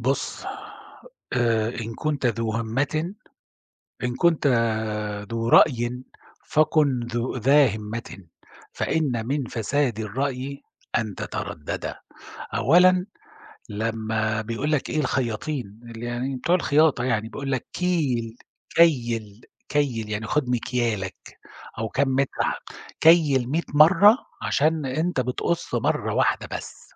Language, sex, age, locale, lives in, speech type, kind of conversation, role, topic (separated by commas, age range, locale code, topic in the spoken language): Arabic, male, 50-54, ar-SA, Germany, conversation, podcast, guest, إيه دور العيلة والصحاب في قراراتك؟